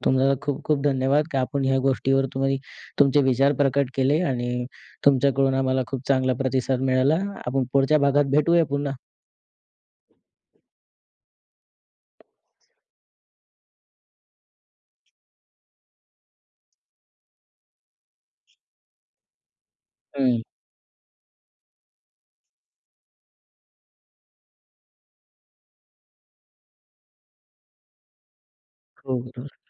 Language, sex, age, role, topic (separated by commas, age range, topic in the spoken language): Marathi, male, 20-24, host, घर सोडताना तुला नेमकं काय वाटलं?